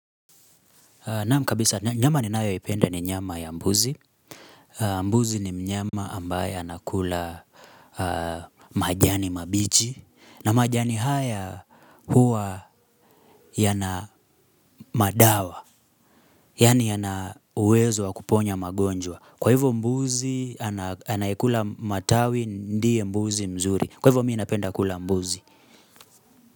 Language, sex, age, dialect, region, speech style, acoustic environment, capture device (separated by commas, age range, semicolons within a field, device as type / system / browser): Swahili, male, 25-34, Kenya - Nairobi Sheng-influenced, Kenya, happy; focused; excited, silence, mobile / Linux / Chrome